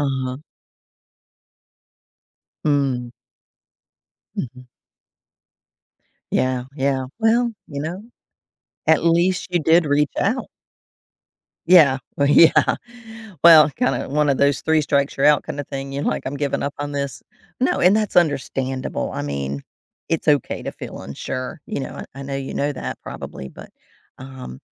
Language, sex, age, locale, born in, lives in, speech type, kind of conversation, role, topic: English, female, 55-59, en-US, United States, United States, conversation, advice, advisor, How do I talk to my family about a hidden secret I recently discovered?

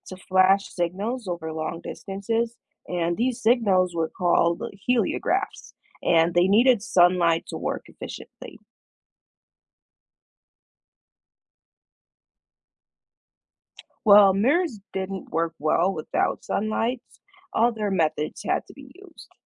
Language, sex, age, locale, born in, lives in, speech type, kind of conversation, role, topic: English, male, 25-29, en-US, Jamaica, United States, read, expert-assistant, expert, How did people send signals across long distances?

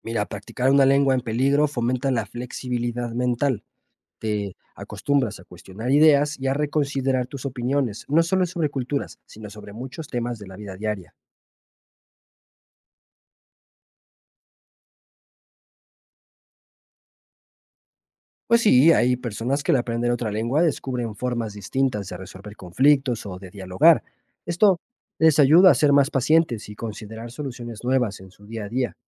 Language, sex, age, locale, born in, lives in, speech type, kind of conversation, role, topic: Spanish, male, 25-29, es-MX, Mexico, Mexico, read, expert-assistant, expert, ¿De qué manera aprender o practicar una lengua en peligro de extinción puede enriquecer mi desarrollo personal?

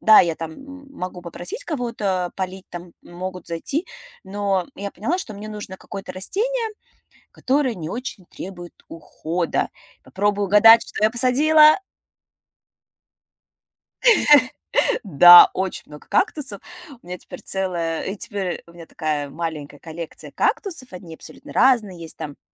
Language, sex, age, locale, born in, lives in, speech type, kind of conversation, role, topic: Russian, female, 35-39, ru-RU, Russia, United States, conversation, podcast, guest, Какие комнатные растения особенно радуют тебя и почему?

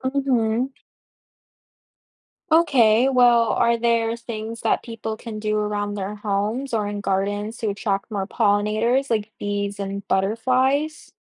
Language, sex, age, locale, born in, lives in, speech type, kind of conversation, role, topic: English, female, 20-24, en-US, United States, United States, read, expert-assistant, asker, How do bees help plants grow through pollination?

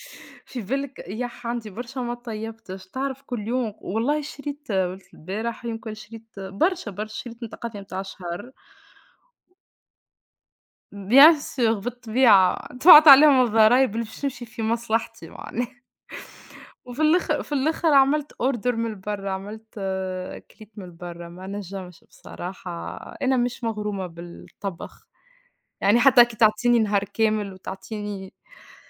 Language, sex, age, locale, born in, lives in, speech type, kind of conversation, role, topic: Arabic, female, 25-29, ar-TN, Tunisia, Portugal, conversation, unstructured, none, هل شايف إن الضرائب عالية بشكل مش عادل؟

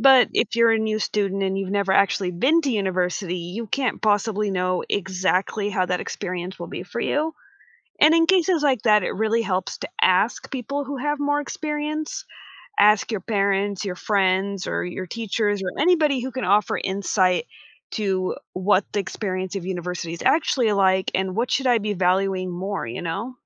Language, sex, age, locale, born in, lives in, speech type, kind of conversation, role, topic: English, female, 25-29, en-US, United States, United States, conversation, podcast, guest, What helps you make a decision when two choices seem equally good?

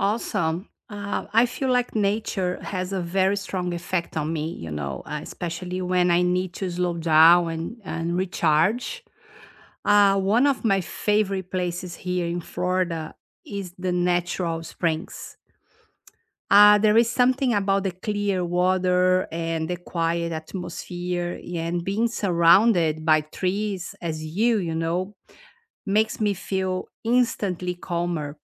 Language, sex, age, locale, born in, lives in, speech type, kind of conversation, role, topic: English, female, 50-54, en-BR, Brazil, United States, conversation, unstructured, none, Where do you go in nature to feel restored, and what makes that place meaningful to you?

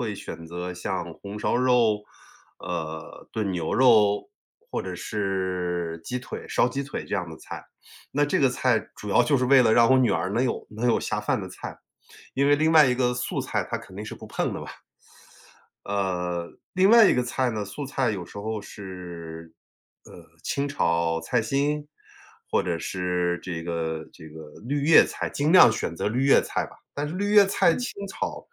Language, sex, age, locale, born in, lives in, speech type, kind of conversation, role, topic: Chinese, male, 45-49, zh-CN, China, United States, conversation, advice, user, 我担心饮食不够健康，想学会做更健康的饭菜，该怎么开始？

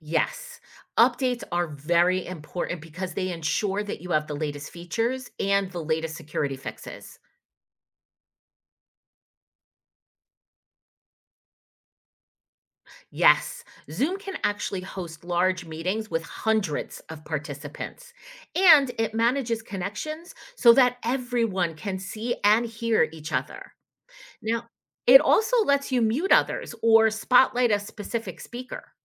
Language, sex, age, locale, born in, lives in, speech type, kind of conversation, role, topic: English, female, 50-54, en-US, United States, United States, read, expert-assistant, expert, How do online video conferencing tools like Zoom work?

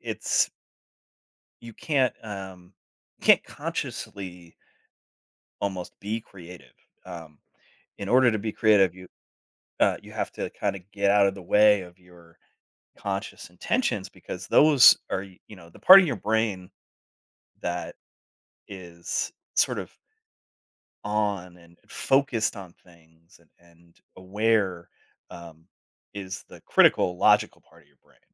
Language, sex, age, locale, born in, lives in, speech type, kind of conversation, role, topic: English, male, 40-44, en-US, United States, United States, conversation, podcast, guest, How can learning from mistakes shape your creative journey?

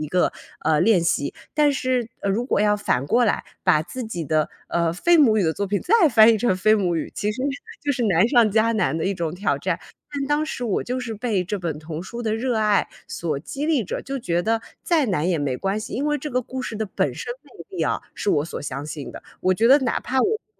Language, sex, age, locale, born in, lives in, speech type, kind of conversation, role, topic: Chinese, female, 30-34, zh-CN, China, United States, conversation, podcast, guest, 有哪本童书陪你最多年？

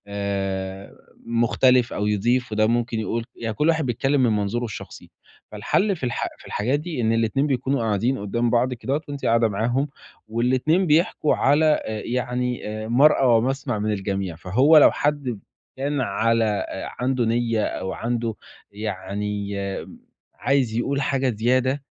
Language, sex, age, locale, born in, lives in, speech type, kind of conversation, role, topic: Arabic, male, 20-24, ar-EG, Egypt, Italy, conversation, advice, advisor, إزاي أقدر أحل نزاع بين إخواتي بطريقة عادلة وهادية؟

